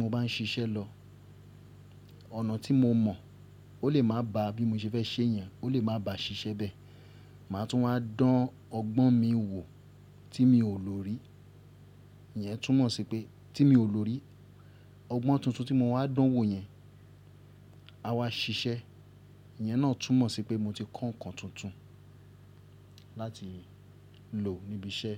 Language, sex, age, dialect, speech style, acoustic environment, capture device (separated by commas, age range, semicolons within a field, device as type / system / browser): Yoruba, male, 35-44, Nigeria - Ibadan, relaxed; happy, people talking; silence; appliances, mobile / Linux / Chrome